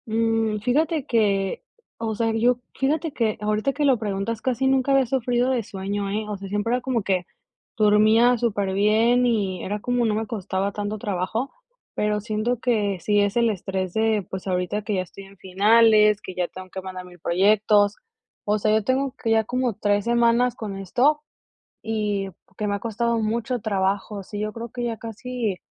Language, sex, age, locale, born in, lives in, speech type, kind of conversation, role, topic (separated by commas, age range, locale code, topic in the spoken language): Spanish, female, 25-29, es-MX, Mexico, United States, conversation, advice, user, ¿Cómo puedo mantener un horario de sueño y despertar más regular?